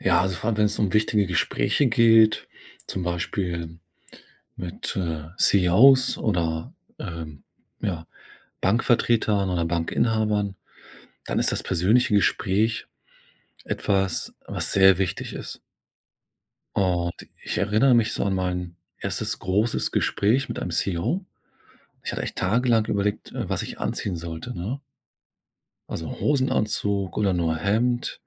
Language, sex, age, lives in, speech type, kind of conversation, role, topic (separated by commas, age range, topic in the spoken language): German, male, 40-44, Germany, conversation, podcast, guest, Wie ziehst du dich für wichtige Gespräche an?